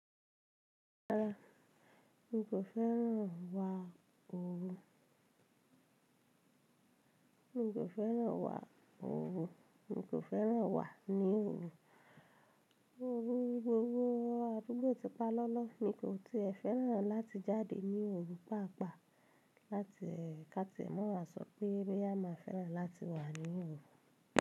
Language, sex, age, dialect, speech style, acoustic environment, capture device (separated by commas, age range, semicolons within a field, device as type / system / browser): Yoruba, female, 25-34, Nigeria - Ibadan, relaxed, silence, mobile / Linux / Chrome